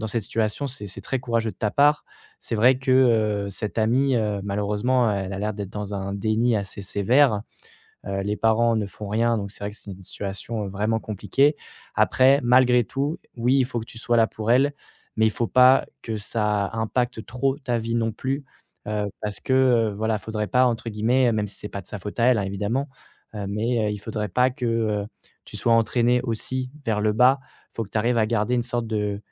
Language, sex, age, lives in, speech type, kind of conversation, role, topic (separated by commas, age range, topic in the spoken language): French, male, 25-29, France, conversation, advice, advisor, Comment puis-je soutenir un ami en détresse sans me laisser submerger émotionnellement ?